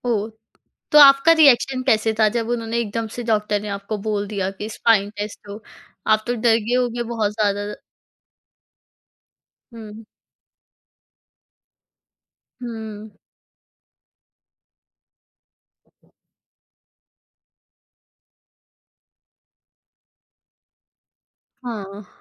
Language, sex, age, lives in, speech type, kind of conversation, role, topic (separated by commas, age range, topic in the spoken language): Hindi, female, 20-24, India, conversation, podcast, host, Apni daily routine mein self-care ko kaise include karte ho, aur kuch examples de sakte ho?